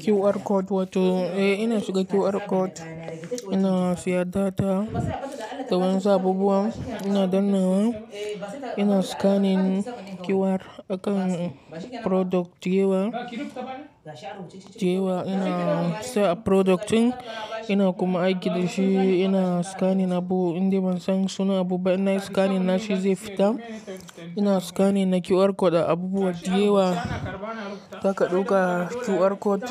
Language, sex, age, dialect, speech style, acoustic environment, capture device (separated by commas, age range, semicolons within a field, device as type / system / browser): Hausa, male, 18-24, Nigeria - Katsina, focused; relaxed, silence, mobile / Linux / Chrome